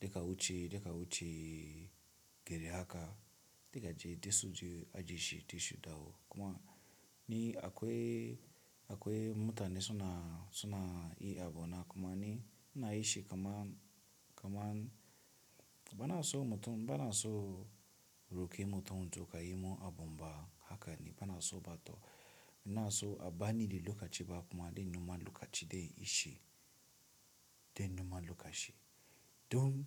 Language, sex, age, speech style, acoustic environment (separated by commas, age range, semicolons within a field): Hausa, male, 18-24, relaxed, nature; silence